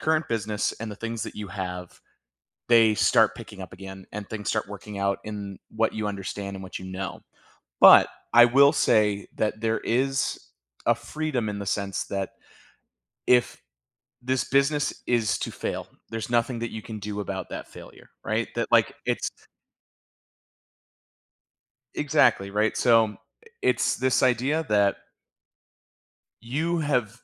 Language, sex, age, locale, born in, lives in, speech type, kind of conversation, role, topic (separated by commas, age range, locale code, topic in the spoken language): English, male, 35-39, en-US, United States, United States, conversation, advice, advisor, How can I manage feeling overwhelmed by financial worries and regain control?